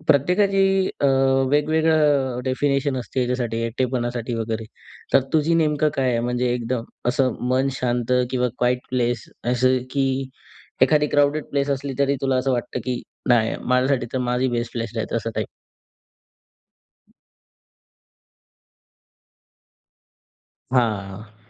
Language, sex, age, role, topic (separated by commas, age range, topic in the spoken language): Marathi, male, 20-24, host, एकटेपणाचा सामना तुम्ही कसा करता?